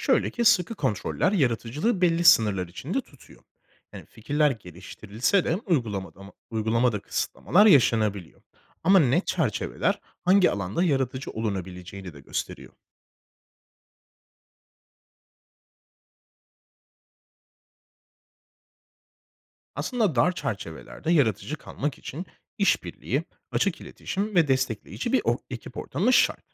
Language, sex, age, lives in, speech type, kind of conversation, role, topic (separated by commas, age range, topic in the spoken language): Turkish, male, 25-29, Spain, read, expert-assistant, expert, Girişimcilik dediğimizde tam olarak neyi kastediyoruz? Bir iş fikriyle şirket kurmak dışında neleri kapsar?